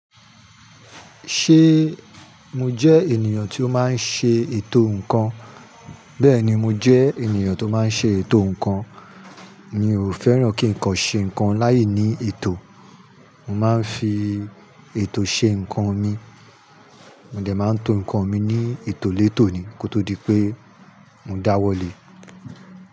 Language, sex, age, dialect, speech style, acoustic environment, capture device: Yoruba, male, 35-44, Nigeria - Nigerian Standard, happy; anxious; nervous; excited, people talking; construction; nature, mobile / Linux / Chrome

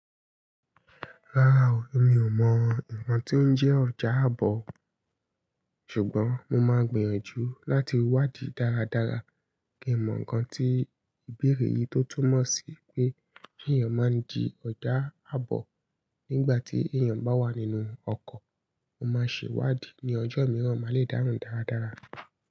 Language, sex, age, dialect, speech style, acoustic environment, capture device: Yoruba, female, 25-34, Nigeria - Ibadan, happy, nature, mobile / Linux / Chrome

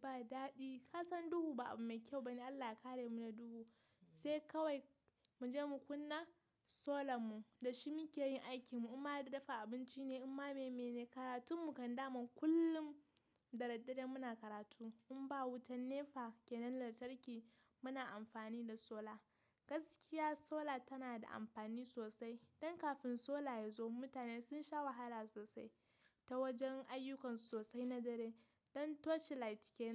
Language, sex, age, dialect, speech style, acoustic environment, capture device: Hausa, female, 18-24, Nigeria - Kano, happy, nature, mobile / Linux / Chrome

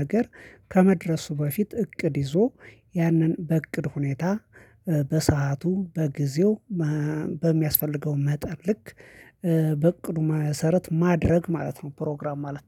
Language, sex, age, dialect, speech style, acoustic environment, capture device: Amharic, male, 25-34, Ethiopia - Addis Ababa, excited, silence, mobile / Linux / Chrome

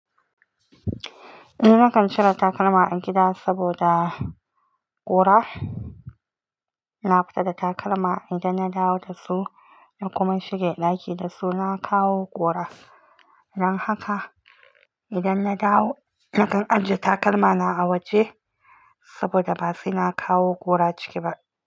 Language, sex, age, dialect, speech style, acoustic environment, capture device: Hausa, female, 25-34, Nigeria - Nigerian Standard, relaxed, silence, mobile / Linux / Chrome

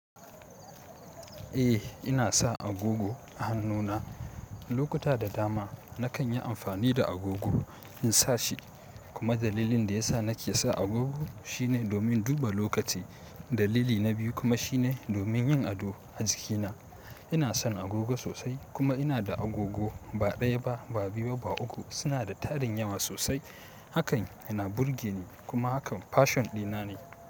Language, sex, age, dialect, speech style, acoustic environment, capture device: Hausa, male, 18-24, Nigeria - Kano, happy, silence, mobile / Linux / Chrome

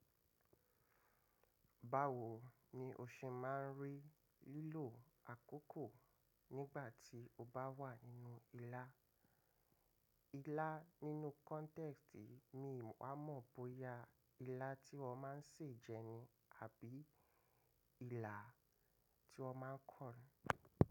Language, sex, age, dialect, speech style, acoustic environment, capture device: Yoruba, male, 25-34, Nigeria - Lagos Yoruba, relaxed, construction; traffic, mobile / macOS / Safari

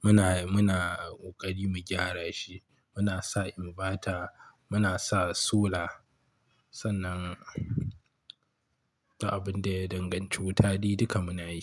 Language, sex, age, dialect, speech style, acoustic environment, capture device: Hausa, male, 25-34, Nigeria - Kano, relaxed, silence, mobile / Linux / Chrome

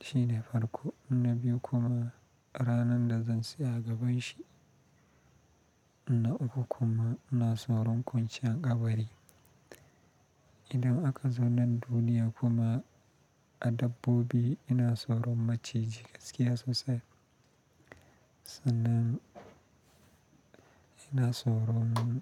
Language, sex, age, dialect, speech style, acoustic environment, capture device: Hausa, male, 25-34, Nigeria - Kano, happy, nature; silence, mobile / Linux / Chrome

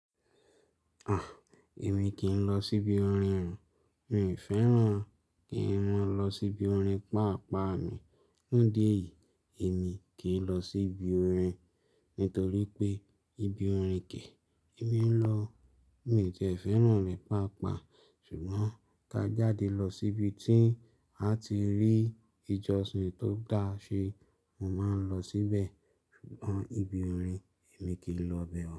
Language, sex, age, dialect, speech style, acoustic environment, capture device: Yoruba, male, 25-34, Nigeria - Lagos Yoruba, relaxed; happy, silence, mobile / Linux / Chrome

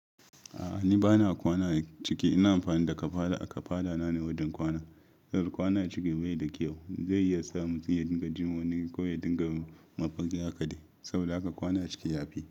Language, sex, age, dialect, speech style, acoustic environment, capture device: Hausa, male, 25-34, Nigeria - Ibadan, happy, silence, mobile / Linux / Chrome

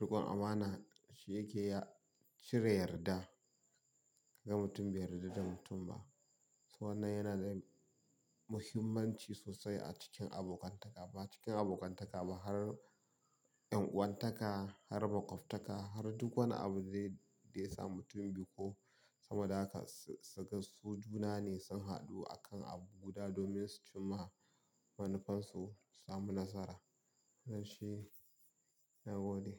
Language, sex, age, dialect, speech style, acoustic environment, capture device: Hausa, male, 25-34, Nigeria - Kano, happy; tired; relaxed; focused; excited, silence; nature, mobile / Linux / Chrome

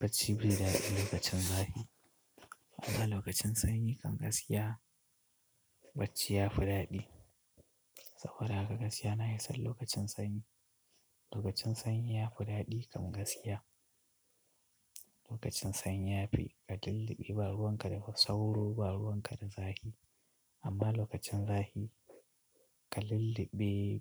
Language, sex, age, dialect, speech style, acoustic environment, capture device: Hausa, male, 25-34, Nigeria - Katsina, excited, appliances, mobile / Linux / Chrome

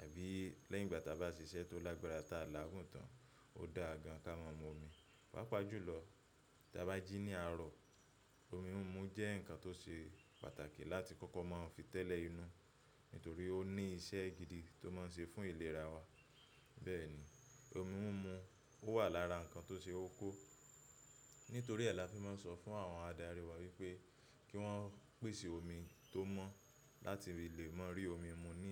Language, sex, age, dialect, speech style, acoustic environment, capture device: Yoruba, male, 25-34, Nigeria - Lagos Yoruba, happy, appliances, mobile / macOS / Safari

